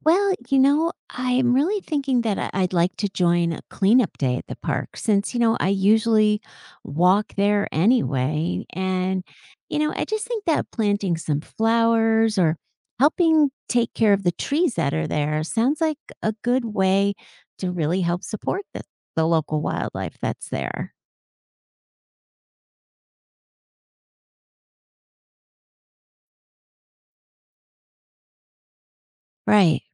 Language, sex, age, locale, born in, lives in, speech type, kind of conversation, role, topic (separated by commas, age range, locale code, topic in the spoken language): English, female, 55-59, en-US, United States, United States, read, expert-assistant, asker, What are the benefits of protecting open spaces in cities?